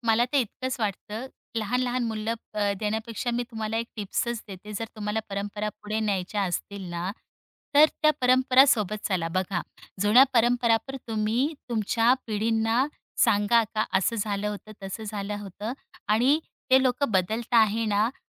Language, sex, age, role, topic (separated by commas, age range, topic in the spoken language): Marathi, female, 35-39, guest, कुटुंबातील परंपरा बदलताना तुम्ही काय जपून ठेवता?